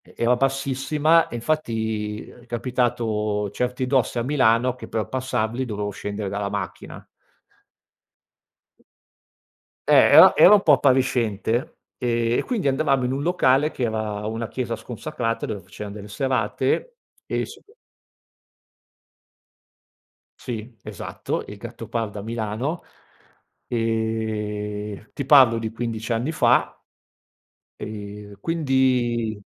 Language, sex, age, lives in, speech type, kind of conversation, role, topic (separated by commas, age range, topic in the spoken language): Italian, male, 50-54, Italy, conversation, podcast, guest, Che cosa ti fa sentire più autentico quando ti vesti?